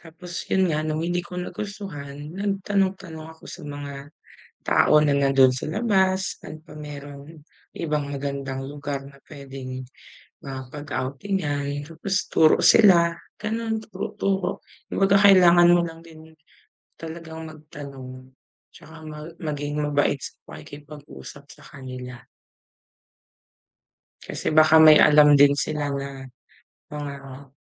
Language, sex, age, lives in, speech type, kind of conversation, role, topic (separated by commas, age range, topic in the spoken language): Filipino, female, 30-34, Philippines, conversation, unstructured, none, Ano ang mga sikreto mo sa paghahanap ng mga natatagong pook na pambihira sa isang bagong lugar?